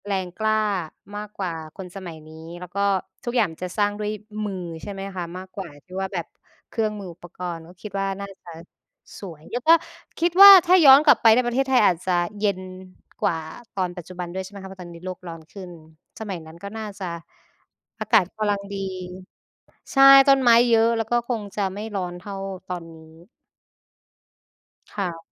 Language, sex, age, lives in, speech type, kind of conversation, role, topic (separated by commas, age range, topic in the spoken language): Thai, female, 25-29, Thailand, conversation, unstructured, none, ถ้าคุณย้อนเวลาได้ คุณอยากไปเห็นเหตุการณ์ใดในประวัติศาสตร์มากที่สุด?